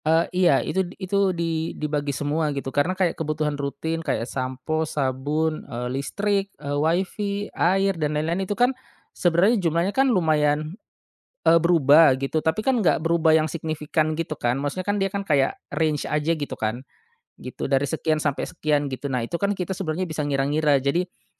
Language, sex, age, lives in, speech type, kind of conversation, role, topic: Indonesian, male, 35-39, Indonesia, conversation, podcast, guest, Bagaimana membicarakan keuangan tanpa bertengkar?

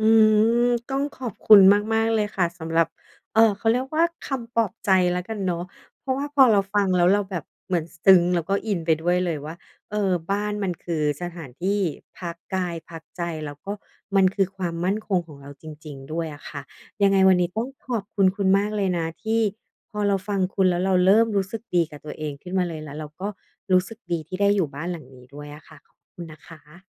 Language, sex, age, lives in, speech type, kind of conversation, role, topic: Thai, female, 40-44, Thailand, conversation, advice, user, ทำไมฉันถึงรู้สึกผิดเวลาที่ใช้เงินซื้อของที่อยากได้?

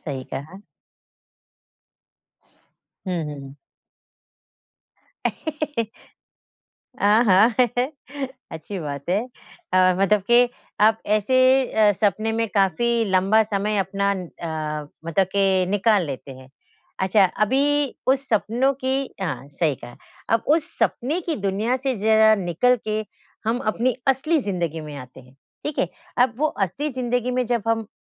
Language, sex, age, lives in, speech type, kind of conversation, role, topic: Hindi, female, 50-54, India, conversation, podcast, host, जब आपके सपने टूट जाते हैं, तो आप फिर से नए सपने कैसे देख पाते हैं?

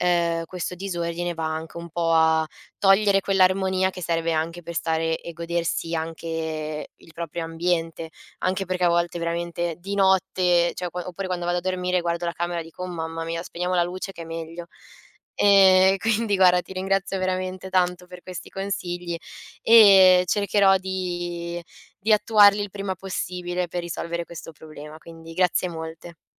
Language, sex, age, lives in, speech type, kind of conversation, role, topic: Italian, female, 20-24, Italy, conversation, advice, user, Come posso iniziare a ridurre il disordine in casa?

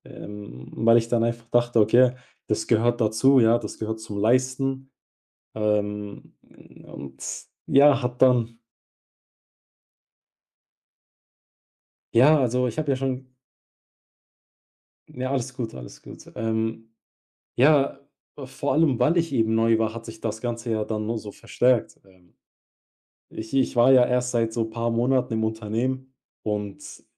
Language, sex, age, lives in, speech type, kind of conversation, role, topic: German, male, 20-24, Germany, conversation, podcast, guest, Was war dein prägendstes Scheitern, und was hast du daraus mitgenommen?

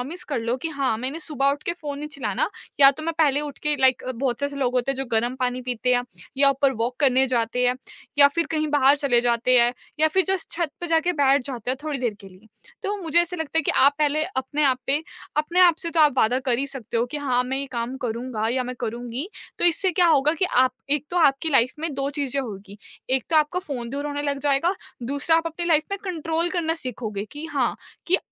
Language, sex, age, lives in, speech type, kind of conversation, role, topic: Hindi, female, 20-24, India, conversation, podcast, guest, Digital detox shuru karne ka sabse aasan pehla step kya hai?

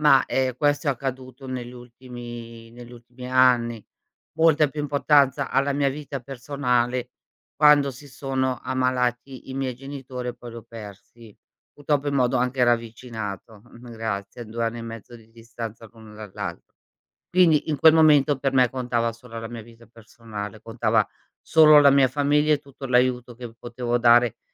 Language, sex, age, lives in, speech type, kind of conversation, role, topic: Italian, female, 55-59, Italy, conversation, podcast, guest, Come cambiano le tue idee di successo col passare degli anni?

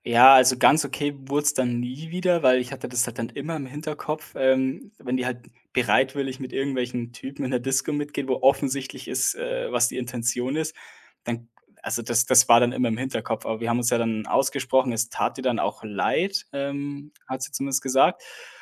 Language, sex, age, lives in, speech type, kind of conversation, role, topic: German, male, 25-29, Germany, conversation, podcast, guest, Wie kann man nach einem Vertrauensbruch wieder Vertrauen aufbauen?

